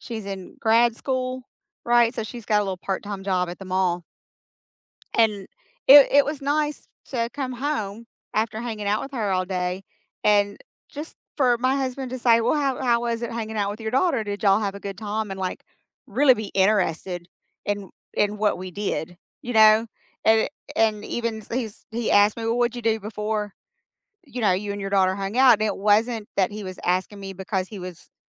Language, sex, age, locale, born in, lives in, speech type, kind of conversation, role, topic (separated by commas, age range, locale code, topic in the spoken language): English, female, 50-54, en-US, United States, United States, conversation, unstructured, none, Do small joys really make your week brighter, and which little moments stood out for you?